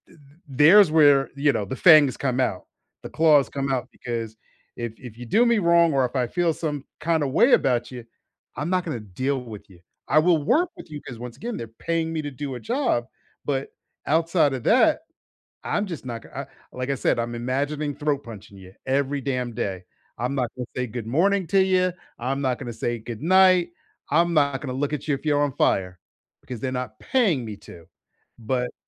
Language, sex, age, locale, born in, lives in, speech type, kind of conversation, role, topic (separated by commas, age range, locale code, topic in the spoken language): English, male, 50-54, en-US, United States, United States, conversation, unstructured, none, How do you handle disagreements at work?